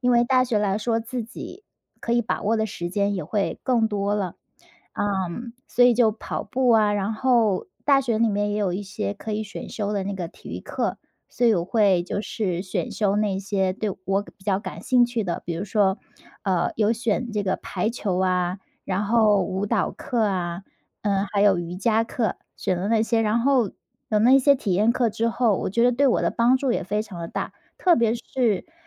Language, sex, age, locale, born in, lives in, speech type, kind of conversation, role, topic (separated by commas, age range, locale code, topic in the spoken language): Chinese, female, 30-34, zh-CN, China, United States, conversation, podcast, guest, 你是怎么养成运动习惯的？